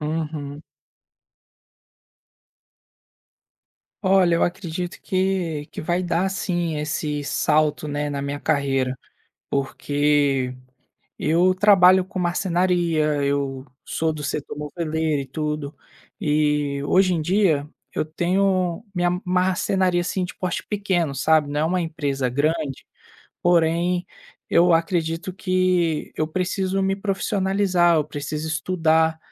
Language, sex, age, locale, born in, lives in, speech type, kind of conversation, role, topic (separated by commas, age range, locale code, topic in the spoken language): Portuguese, male, 25-29, pt-BR, Brazil, Spain, conversation, advice, user, Como posso voltar a estudar ou me profissionalizar na vida adulta conciliando trabalho e família?